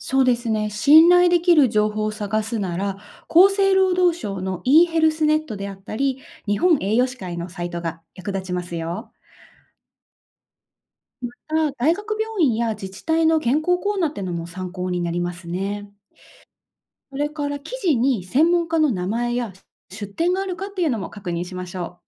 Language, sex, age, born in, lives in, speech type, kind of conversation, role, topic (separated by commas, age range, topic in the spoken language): Japanese, female, 30-34, Japan, Japan, read, expert-assistant, expert, 健康的な食生活について学ぶとき、信頼できる専門家や情報サイトはどこで探せますか？